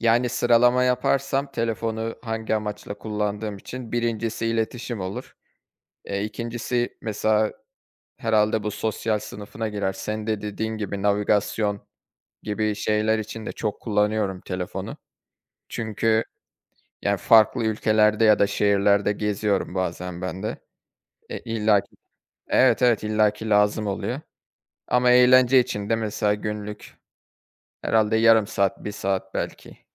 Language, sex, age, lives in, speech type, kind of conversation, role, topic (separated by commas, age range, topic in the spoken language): Turkish, male, 25-29, Germany, conversation, unstructured, none, Telefon kullanım alışkanlıkların hayatını nasıl etkiliyor?